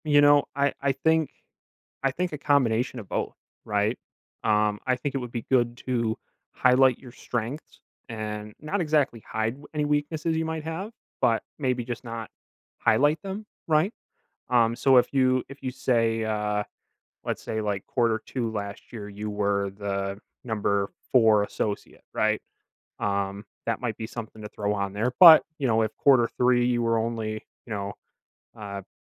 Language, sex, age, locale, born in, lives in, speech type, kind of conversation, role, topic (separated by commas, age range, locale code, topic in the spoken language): English, male, 25-29, en-US, United States, United States, conversation, advice, advisor, How can I cope with job loss?